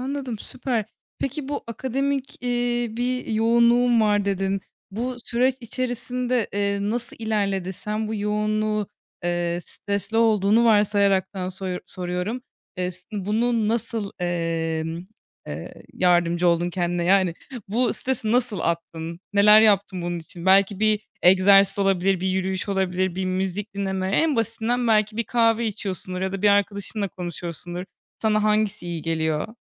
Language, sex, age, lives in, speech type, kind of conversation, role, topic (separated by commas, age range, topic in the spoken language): Turkish, female, 20-24, Germany, conversation, podcast, host, Akşamları rahatlamak için yaptığın basit alışkanlıklar nelerdir?